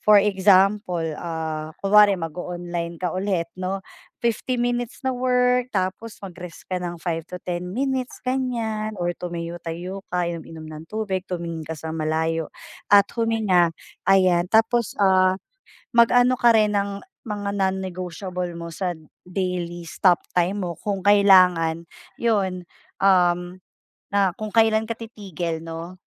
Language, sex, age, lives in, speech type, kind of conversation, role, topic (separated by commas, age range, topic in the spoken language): Filipino, female, 35-39, Philippines, conversation, advice, advisor, Paano ako makakabalik sa trabaho nang hindi na muling makaranas ng labis na pagkapagod?